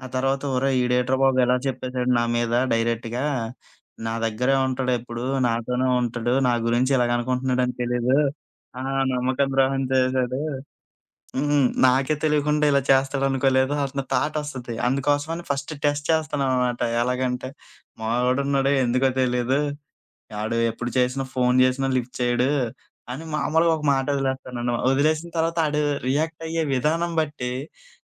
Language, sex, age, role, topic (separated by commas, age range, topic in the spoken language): Telugu, male, 25-29, guest, చిన్నచిన్న అబద్ధాలు చెప్పడం సరైందా, లేక నేరుగా నిజం చెప్పడమే మంచిదా?